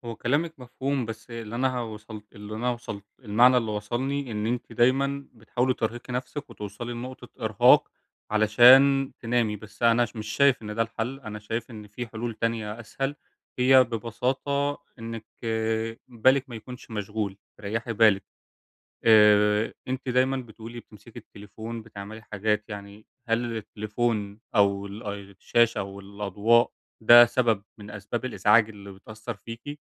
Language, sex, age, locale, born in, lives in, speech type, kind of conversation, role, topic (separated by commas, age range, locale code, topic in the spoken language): Arabic, male, 20-24, ar-EG, Egypt, Germany, conversation, advice, advisor, إزاي أقدر أعمل طقوس بسيطة بالليل تقلل توتري قبل ما أنام؟